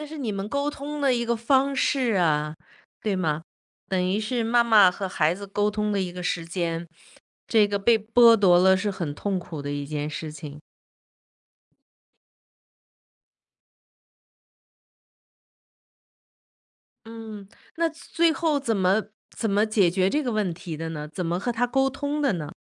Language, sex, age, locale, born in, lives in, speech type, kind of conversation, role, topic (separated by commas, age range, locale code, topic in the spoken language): Chinese, female, 55-59, zh-CN, China, United States, conversation, podcast, host, 家里老人插手孩子教育时，怎么才能和平处理？